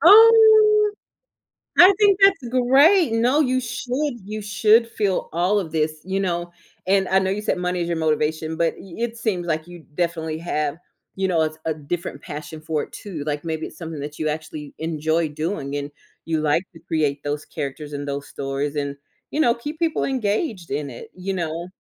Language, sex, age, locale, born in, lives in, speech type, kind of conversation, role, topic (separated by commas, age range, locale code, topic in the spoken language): English, female, 35-39, en-US, United States, United States, conversation, podcast, host, Why do some ambitions make us feel both nervous and inspired?